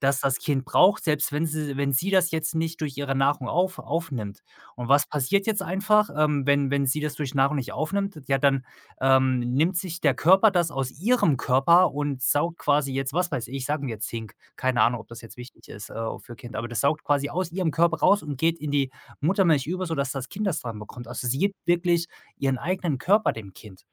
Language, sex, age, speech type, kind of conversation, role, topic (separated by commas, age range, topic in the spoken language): German, male, 35-39, conversation, podcast, guest, Was bedeutet Respekt für dich im Zusammenleben?